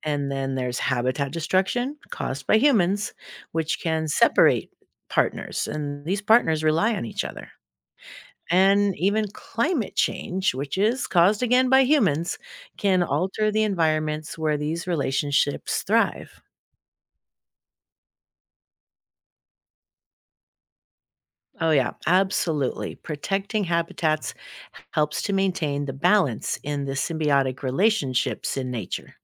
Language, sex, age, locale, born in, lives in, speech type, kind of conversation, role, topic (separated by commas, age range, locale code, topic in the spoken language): English, female, 60-64, en-US, United States, United States, read, expert-assistant, expert, What is the role of symbiosis in nature?